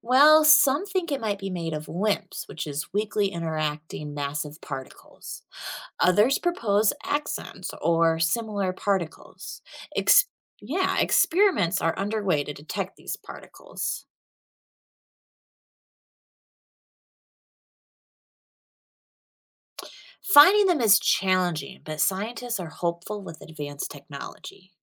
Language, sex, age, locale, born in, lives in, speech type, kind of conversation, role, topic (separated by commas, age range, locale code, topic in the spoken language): English, female, 30-34, en-US, United States, United States, read, expert-assistant, expert, What are dark matter and dark energy?